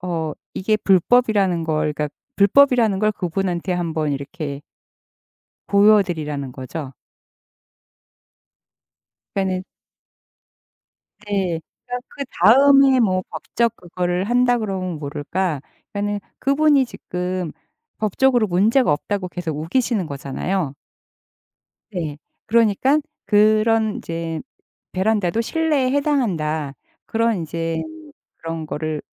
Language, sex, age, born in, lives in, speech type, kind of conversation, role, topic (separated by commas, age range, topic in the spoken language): Korean, female, 55-59, South Korea, United States, conversation, advice, advisor, 이웃과 생활 규칙이나 소음 문제로 갈등을 겪고 있는데 어떻게 해결하면 좋을까요?